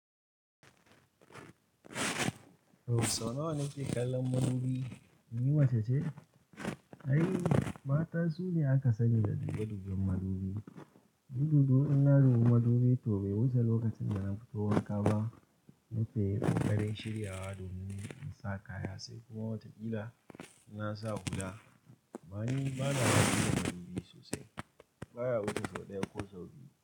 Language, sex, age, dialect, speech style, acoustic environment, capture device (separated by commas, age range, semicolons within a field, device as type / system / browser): Hausa, male, 25-34, Nigeria - Kano, relaxed, silence, mobile / Linux / Chrome